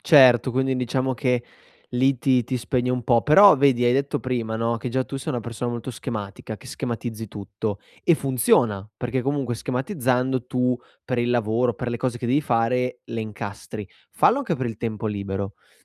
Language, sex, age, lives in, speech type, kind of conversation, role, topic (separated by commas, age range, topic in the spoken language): Italian, male, 25-29, Italy, conversation, advice, advisor, Come posso trovare tempo per lo svago e coltivare le mie passioni senza stressarmi?